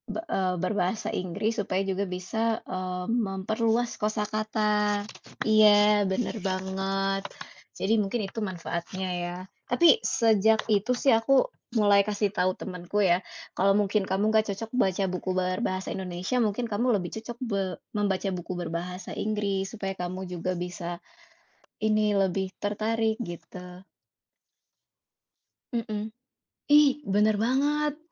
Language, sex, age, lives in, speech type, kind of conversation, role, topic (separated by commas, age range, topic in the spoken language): Indonesian, female, 25-29, Indonesia, conversation, unstructured, none, Bagaimana cara kamu meyakinkan teman untuk mencoba hobi baru?